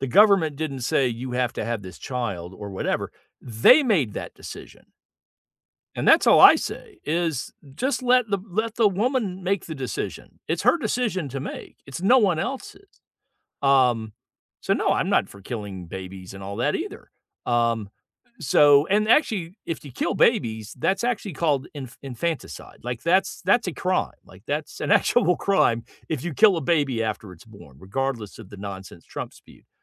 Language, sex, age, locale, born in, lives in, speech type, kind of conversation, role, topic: English, male, 60-64, en-US, United States, United States, conversation, unstructured, none, What do you think causes most political arguments today?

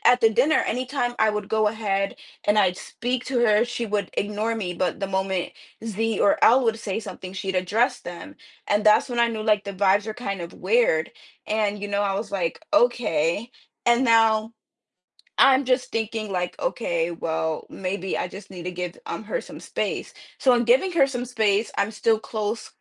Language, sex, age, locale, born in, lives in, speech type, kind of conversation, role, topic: English, female, 20-24, en-US, United States, United States, conversation, advice, user, How do I talk to my friend when I'm worried I'm letting them down?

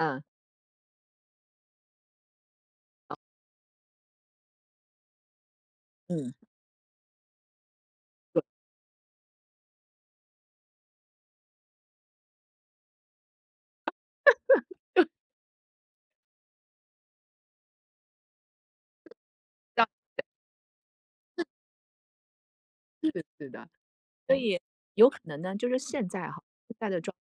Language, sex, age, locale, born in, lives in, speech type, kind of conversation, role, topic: Chinese, female, 45-49, zh-TW, China, United States, conversation, unstructured, none, 其他文化的服饰有哪些地方让你感到惊讶？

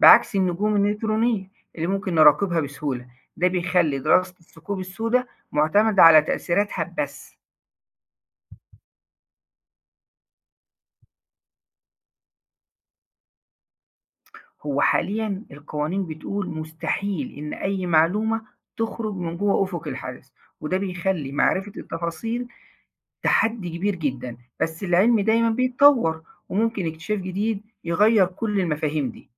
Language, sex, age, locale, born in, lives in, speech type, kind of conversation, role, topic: Arabic, male, 40-44, ar-EG, Egypt, Egypt, read, expert-assistant, expert, ليه الناس عندها فضول كبير عن الثقوب السودا؟ وهل النظرة ليها بتختلف من مجتمع للتاني؟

